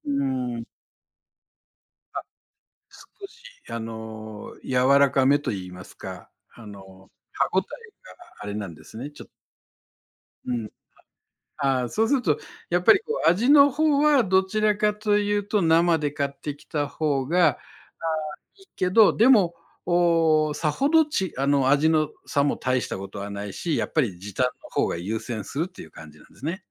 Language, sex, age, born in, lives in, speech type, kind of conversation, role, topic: Japanese, male, 60-64, Japan, Japan, conversation, podcast, host, 料理を楽にするためのコツは何ですか？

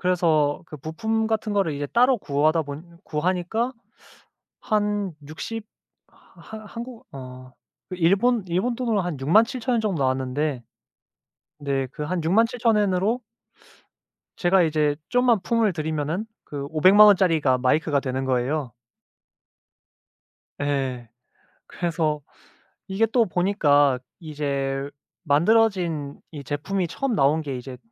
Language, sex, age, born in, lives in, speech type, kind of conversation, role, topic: Korean, male, 25-29, South Korea, Japan, conversation, unstructured, none, 가장 좋아하는 취미는 무엇인가요?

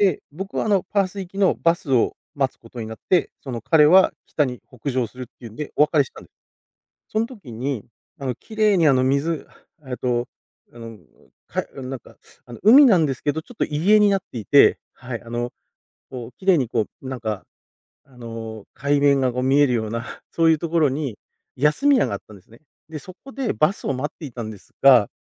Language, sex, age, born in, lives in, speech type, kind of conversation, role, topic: Japanese, male, 40-44, Japan, Japan, conversation, podcast, guest, 現地の人と仲良くなったエピソードはありますか？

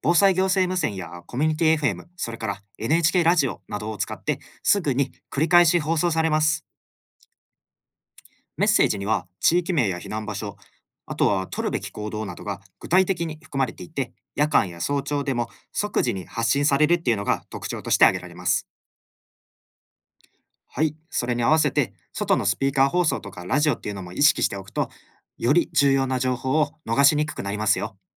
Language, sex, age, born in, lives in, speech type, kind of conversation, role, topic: Japanese, male, 25-29, Japan, Japan, read, expert-assistant, expert, 災害時に信頼できる情報をどのように集めればよいですか？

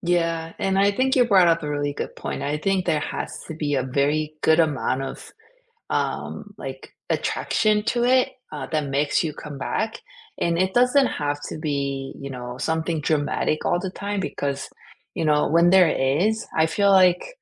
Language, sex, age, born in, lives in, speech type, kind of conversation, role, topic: English, female, 40-44, South Korea, United States, conversation, unstructured, none, Which TV series would you recommend for a cozy, rainy-weekend binge, and what makes it perfect to share?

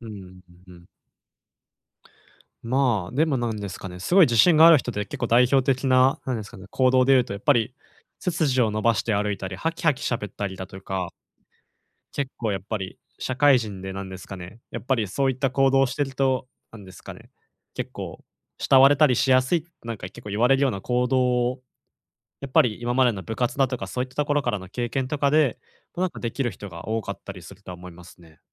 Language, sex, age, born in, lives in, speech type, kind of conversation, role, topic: Japanese, male, 20-24, Japan, Japan, conversation, advice, advisor, 行動パターンを把握して改善する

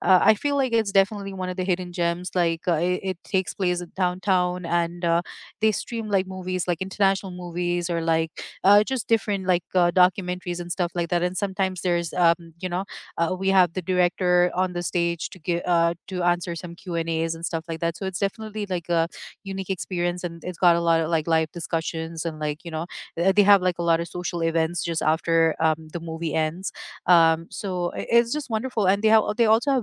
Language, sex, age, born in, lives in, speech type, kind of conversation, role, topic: English, female, 35-39, United States, United States, conversation, unstructured, none, What hidden gems in your city do you always recommend to visitors?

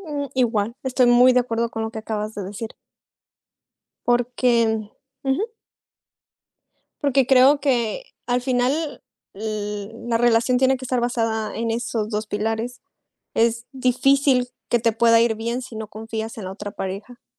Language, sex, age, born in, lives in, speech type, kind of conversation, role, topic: Spanish, female, 25-29, Mexico, Mexico, conversation, unstructured, none, ¿Qué es lo que más valoras en una pareja?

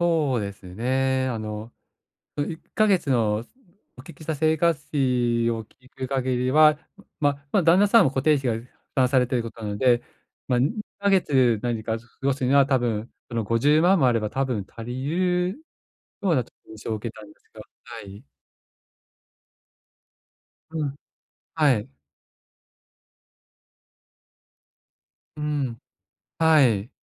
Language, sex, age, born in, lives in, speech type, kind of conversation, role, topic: Japanese, male, 45-49, Japan, Japan, conversation, advice, advisor, 貯金で将来不安を和らげる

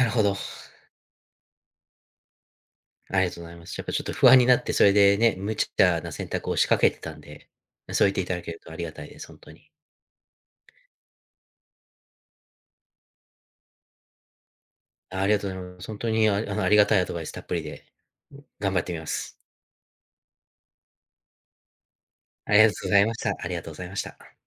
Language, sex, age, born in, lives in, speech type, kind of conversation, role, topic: Japanese, male, 30-34, United States, United States, conversation, advice, user, ジムで周りと比べて自信が持てず不安になってしまうのはなぜですか？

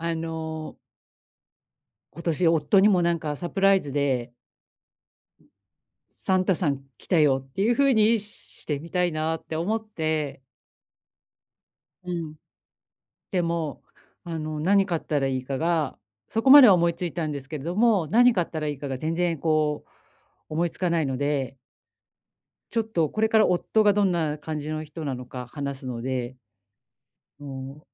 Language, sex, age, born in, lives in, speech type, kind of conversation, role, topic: Japanese, female, 45-49, Japan, Japan, conversation, advice, user, 予算内で良い物をどうやって見つければいいですか？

